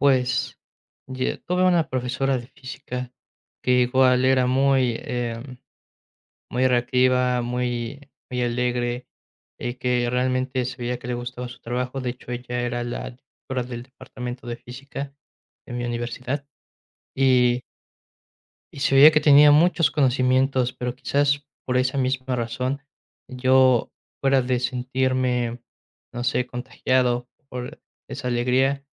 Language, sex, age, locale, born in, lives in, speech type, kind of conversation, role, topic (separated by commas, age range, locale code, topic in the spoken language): Spanish, male, 20-24, es-MX, Mexico, Mexico, conversation, podcast, guest, ¿Qué maestro te marcó y por qué?